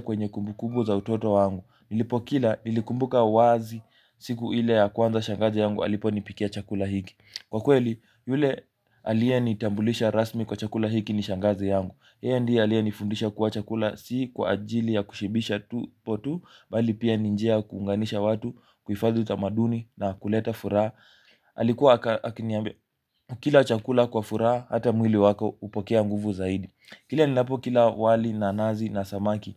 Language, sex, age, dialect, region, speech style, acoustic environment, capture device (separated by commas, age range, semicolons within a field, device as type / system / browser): Swahili, male, 18-24, Kenya - Mombasa, Kenya, focused, nature; music, mobile / Linux / Chrome